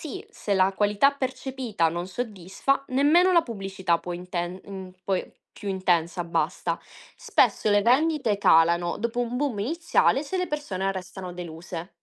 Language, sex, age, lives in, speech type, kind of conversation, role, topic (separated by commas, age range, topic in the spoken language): Italian, female, 25-29, Italy, read, expert-assistant, expert, Perché la domanda di alcuni beni resta stabile anche quando il prezzo aumenta?